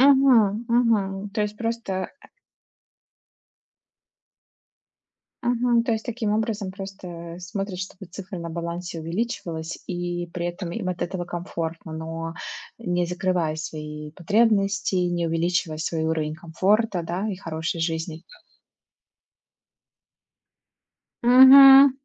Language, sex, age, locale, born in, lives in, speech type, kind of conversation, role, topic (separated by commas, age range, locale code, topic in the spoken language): Russian, female, 30-34, ru-RU, Russia, United States, conversation, unstructured, none, Почему многим людям сложно регулярно откладывать деньги?